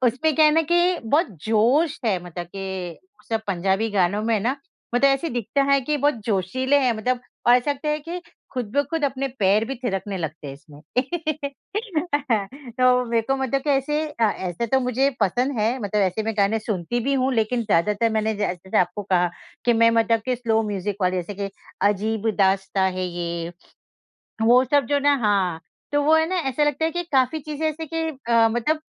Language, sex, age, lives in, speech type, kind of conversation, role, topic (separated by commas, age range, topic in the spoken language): Hindi, female, 50-54, India, conversation, unstructured, none, आपको कौन-सा गाना बार-बार सुनना अच्छा लगता है?